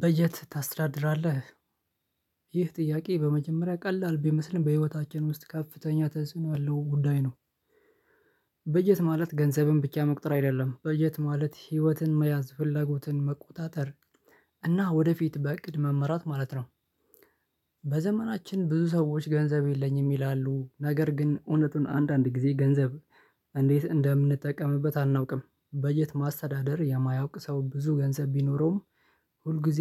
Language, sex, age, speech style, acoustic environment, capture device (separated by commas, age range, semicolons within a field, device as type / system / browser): Amharic, male, 25-34, relaxed, silence, mobile / Linux / Chrome